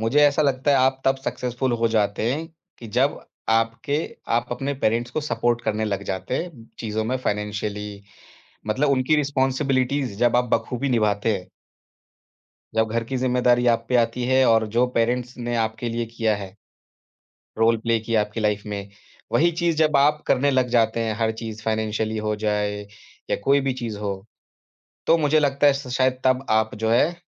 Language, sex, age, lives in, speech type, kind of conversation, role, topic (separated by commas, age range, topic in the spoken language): Hindi, male, 30-34, India, conversation, podcast, guest, Tumhare liye success ka sabse simple matlab kya hai?